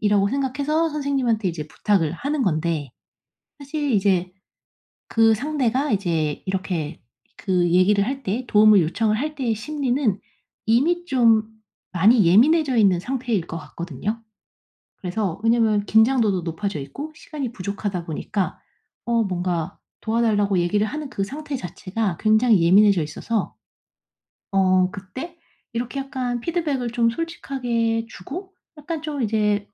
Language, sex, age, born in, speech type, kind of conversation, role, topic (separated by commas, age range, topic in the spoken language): Korean, female, 35-39, South Korea, conversation, advice, advisor, 피드백을 성장 기회로 바꾸기